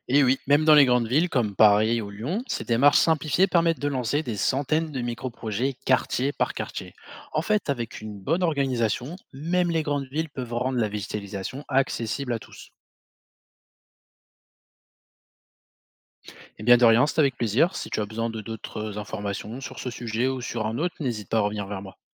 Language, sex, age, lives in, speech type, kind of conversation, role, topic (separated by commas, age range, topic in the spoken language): French, male, 25-29, France, read, expert-assistant, expert, Pourquoi certaines rues de ma ville sont-elles souvent inondées après une forte pluie ? Qu’est-ce qui peut expliquer ce problème ?